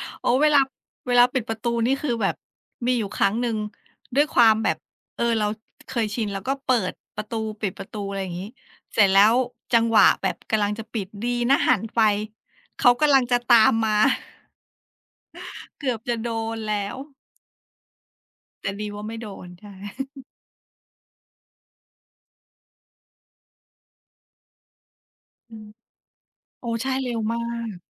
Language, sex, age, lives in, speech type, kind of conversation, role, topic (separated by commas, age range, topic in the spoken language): Thai, female, 40-44, Thailand, conversation, unstructured, none, คุณคิดว่าสัตว์เลี้ยงช่วยทำให้บ้านปลอดภัยขึ้นไหม?